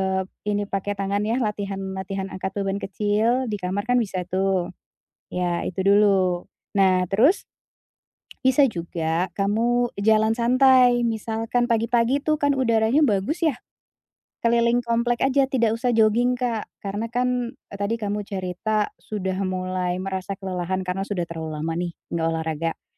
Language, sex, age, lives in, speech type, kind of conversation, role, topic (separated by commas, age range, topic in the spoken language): Indonesian, female, 40-44, Indonesia, conversation, advice, advisor, Bagaimana cara menjaga konsistensi dengan menetapkan tujuan sederhana saat saya mudah kehilangan motivasi?